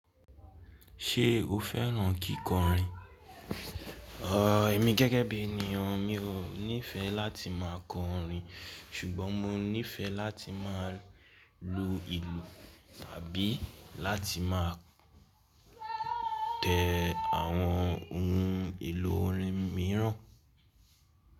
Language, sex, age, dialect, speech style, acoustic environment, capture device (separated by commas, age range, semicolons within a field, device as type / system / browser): Yoruba, male, 18-24, Nigeria - Lagos Yoruba, relaxed, nature, mobile / Linux / Chrome